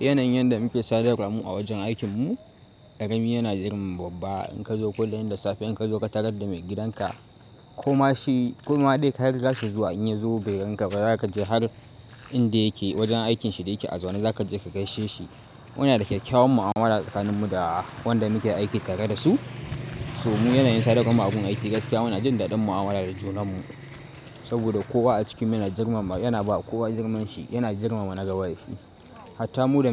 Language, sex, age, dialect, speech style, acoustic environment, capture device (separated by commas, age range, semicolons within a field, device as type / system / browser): Hausa, male, 18-24, Nigeria - Kano, focused, nature, mobile / Linux / Chrome